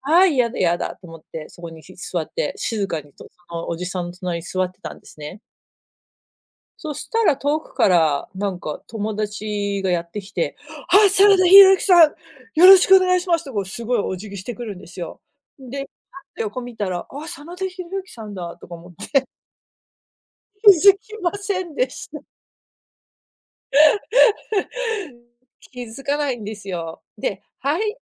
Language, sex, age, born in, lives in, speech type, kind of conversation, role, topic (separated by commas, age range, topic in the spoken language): Japanese, female, 40-44, United States, United States, conversation, unstructured, none, 新しいことに挑戦するとき、どうやって勇気を出しますか？